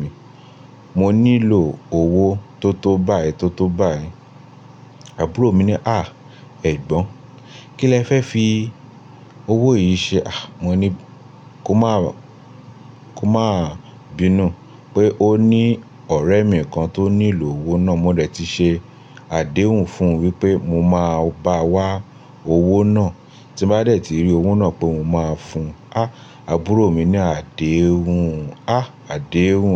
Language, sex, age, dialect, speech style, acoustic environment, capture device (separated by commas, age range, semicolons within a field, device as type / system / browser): Yoruba, male, 25-34, Nigeria - Lagos Yoruba, focused; relaxed, appliances; nature, mobile / Linux / Chrome